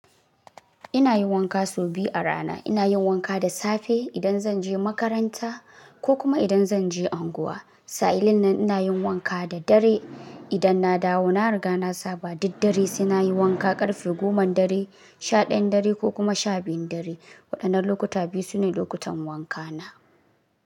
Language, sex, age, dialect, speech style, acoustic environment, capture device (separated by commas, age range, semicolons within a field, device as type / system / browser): Hausa, male, 18-24, Nigeria - Kano, relaxed; focused; happy; excited, silence, mobile / Linux / Chrome